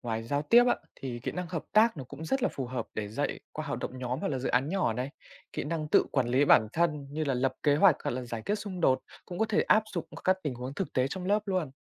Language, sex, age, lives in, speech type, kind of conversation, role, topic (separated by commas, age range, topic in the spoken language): Vietnamese, male, 20-24, Vietnam, read, expert-assistant, expert, Nếu bạn có thể thay đổi một điều trong chính sách giáo dục hiện nay để giúp học sinh phát triển toàn diện hơn, bạn sẽ chọn điều gì và vì sao?